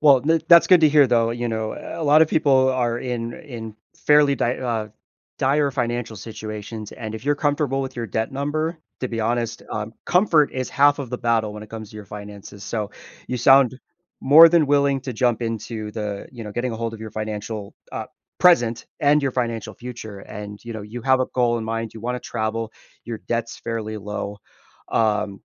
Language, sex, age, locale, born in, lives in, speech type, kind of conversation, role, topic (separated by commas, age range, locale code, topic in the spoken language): English, male, 30-34, en-US, United States, United States, conversation, advice, advisor, How can I reduce anxiety and feel more secure when my finances are uncertain?